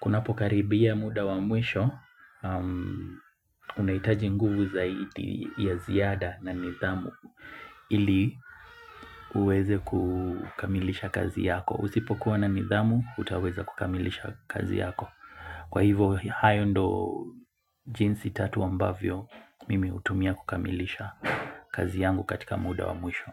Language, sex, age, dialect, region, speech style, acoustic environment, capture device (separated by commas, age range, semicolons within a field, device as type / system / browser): Swahili, male, 25-34, Kenya - Mombasa, Kenya, relaxed, nature, mobile / Linux / Chrome